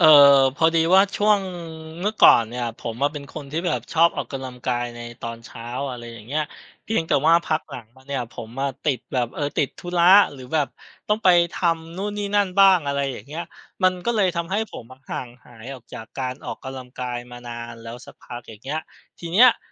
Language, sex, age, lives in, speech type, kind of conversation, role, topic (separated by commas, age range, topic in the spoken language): Thai, male, 35-39, Thailand, conversation, advice, user, ควรเริ่มออกกำลังกายใหม่หลังพักไปนานอย่างไรเพื่อไม่ให้หนักเกินไป?